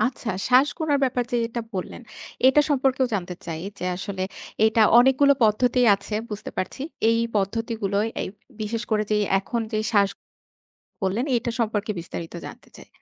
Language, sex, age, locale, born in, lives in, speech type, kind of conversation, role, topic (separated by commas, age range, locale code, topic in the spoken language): Bengali, female, 30-34, bn-BD, Bangladesh, Bangladesh, conversation, podcast, host, শ্বাস-প্রশ্বাসের সাহায্যে কীভাবে নিজেকে শান্ত করা যায়?